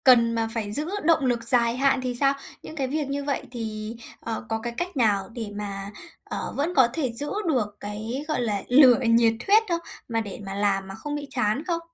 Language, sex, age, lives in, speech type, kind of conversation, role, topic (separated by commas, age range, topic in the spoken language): Vietnamese, female, 20-24, Japan, conversation, podcast, host, Bạn đối phó với cảm giác trì hoãn như thế nào?